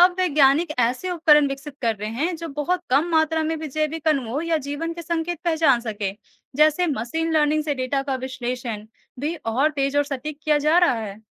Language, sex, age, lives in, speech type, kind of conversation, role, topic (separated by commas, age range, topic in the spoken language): Hindi, female, 20-24, India, read, expert-assistant, expert, अगर हमें किसी नए ग्रह पर जीवन खोजने का अभियान शुरू करना हो, तो उसकी सबसे पहली तैयारी कैसे करें?